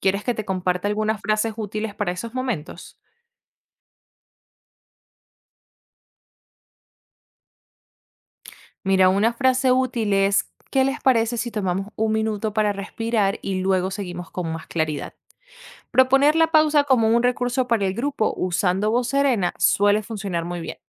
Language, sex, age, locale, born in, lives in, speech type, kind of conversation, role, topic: Spanish, female, 25-29, es-VE, Venezuela, United States, read, expert-assistant, expert, ¿Qué crees que pasaría si todo el mundo adoptara una práctica espiritual diaria, sin importar su religión o creencia?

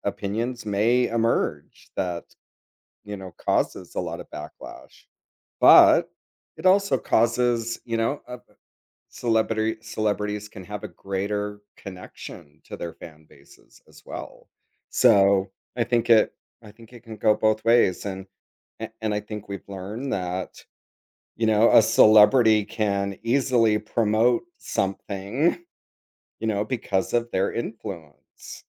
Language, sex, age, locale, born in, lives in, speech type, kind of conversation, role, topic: English, male, 50-54, en-US, United States, United States, conversation, podcast, guest, How has social media changed entertainment?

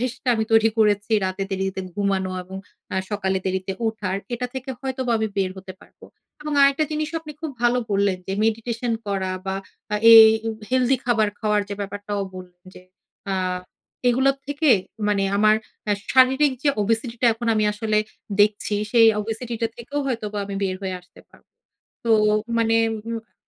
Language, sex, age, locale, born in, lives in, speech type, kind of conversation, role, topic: Bengali, female, 35-39, bn-BD, Bangladesh, Finland, conversation, advice, user, অনুপ্রেরণার অভাব থাকলে অভ্যাস গড়ে তোলা কেন কঠিন লাগে?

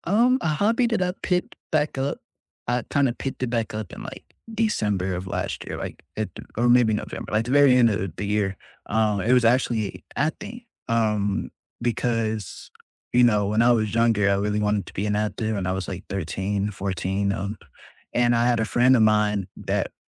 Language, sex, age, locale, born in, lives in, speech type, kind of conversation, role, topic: English, male, 20-24, en-US, United States, United States, conversation, unstructured, none, Which past hobby have you picked back up, and what keeps it meaningful now?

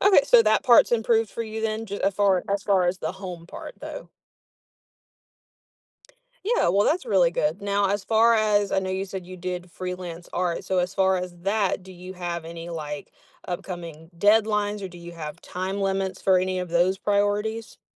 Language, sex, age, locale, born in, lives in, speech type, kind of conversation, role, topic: English, female, 20-24, en-US, United States, United States, conversation, advice, advisor, How can I balance multiple priorities without feeling overwhelmed?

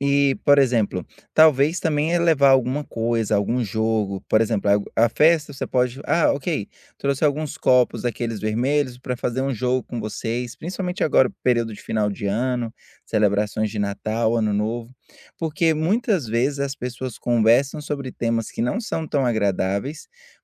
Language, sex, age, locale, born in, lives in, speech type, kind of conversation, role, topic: Portuguese, male, 30-34, pt-BR, Brazil, United States, conversation, advice, advisor, Como posso me enturmar melhor em festas sem me sentir perdido?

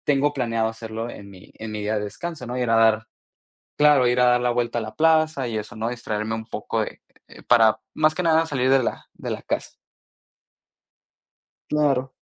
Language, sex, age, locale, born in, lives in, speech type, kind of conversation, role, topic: Spanish, male, 20-24, es-MX, Mexico, United States, conversation, podcast, guest, ¿Cómo equilibras el trabajo y tu vida personal?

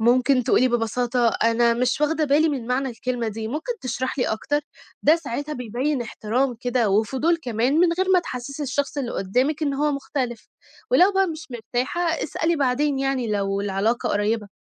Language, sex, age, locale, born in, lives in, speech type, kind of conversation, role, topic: Arabic, female, 18-19, ar-EG, Egypt, Egypt, read, expert-assistant, expert, لما أحب أعرف أكتر عن الطبقات الاجتماعية في مصر، أصدق مين أو أقرأ فين عشان آخد صورة كاملة؟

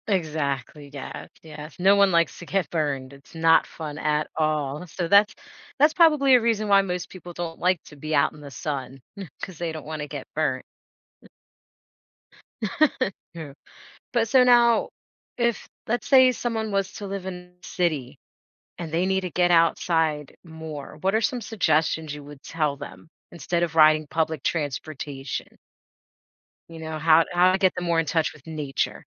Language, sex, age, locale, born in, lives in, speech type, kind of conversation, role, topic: English, female, 40-44, en-US, United States, United States, conversation, podcast, host, How does spending time outdoors affect our health and happiness?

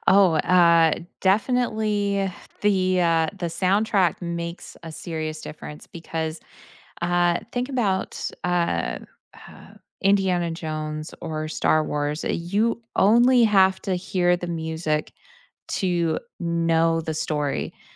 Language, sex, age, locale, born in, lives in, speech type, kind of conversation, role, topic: English, female, 35-39, en-US, United States, United States, conversation, podcast, guest, Why do certain movies leave a lasting impact on us?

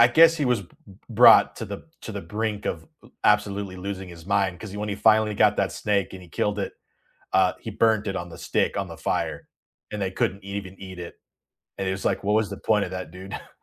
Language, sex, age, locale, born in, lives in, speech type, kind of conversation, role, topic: English, male, 30-34, en-US, United States, United States, conversation, unstructured, none, Which reality shows do you secretly love and also openly defend?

